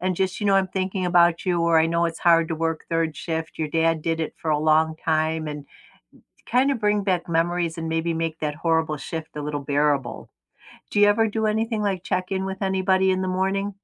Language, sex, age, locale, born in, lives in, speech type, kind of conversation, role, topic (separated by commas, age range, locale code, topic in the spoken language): English, female, 65-69, en-US, United States, United States, conversation, unstructured, none, How can you create a morning routine you genuinely look forward to—and that strengthens your connections with others?